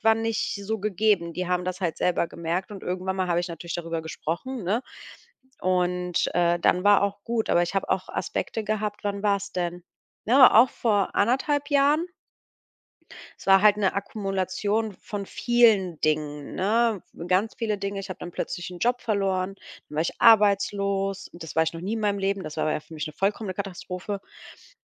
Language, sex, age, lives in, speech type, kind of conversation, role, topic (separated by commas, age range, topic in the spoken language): German, female, 35-39, Germany, conversation, podcast, guest, Wie hast du gelernt, um Hilfe zu bitten, wenn du sie brauchst?